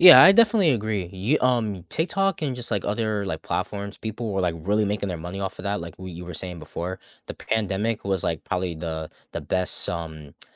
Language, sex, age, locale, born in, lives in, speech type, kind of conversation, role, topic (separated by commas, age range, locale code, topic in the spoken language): English, male, 20-24, en-US, United States, United States, conversation, unstructured, none, How does technology like auto-tune, social media, or streaming shape what we consider good music?